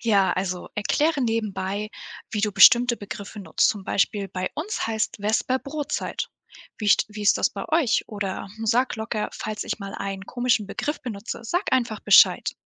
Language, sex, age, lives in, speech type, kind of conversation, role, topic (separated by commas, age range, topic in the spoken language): German, female, 18-19, Germany, read, expert-assistant, expert, Wie finde ich im Gespräch leichter heraus, was mein Gegenüber wirklich meint?